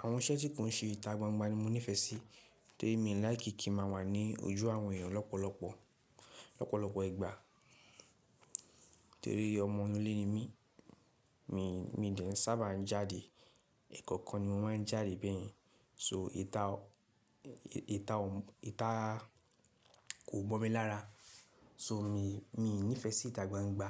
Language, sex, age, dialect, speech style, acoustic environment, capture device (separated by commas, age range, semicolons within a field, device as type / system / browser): Yoruba, male, 18-24, Nigeria - Lagos Yoruba, relaxed, people talking; nature, mobile / Linux / Chrome